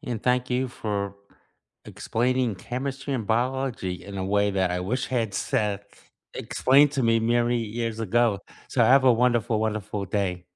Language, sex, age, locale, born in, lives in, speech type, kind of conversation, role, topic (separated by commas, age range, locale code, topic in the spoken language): English, male, 65-69, en-US, United States, United States, conversation, unstructured, none, Which class did you expect to dislike but ended up loving, and what changed your mind?